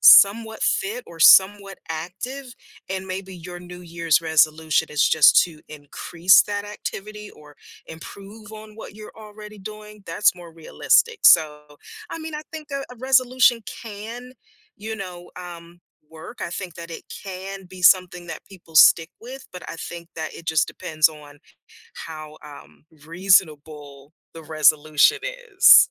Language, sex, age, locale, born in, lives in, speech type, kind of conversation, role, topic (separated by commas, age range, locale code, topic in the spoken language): English, female, 50-54, en-US, United States, United States, conversation, podcast, guest, What helps people stick with a new fitness routine over time?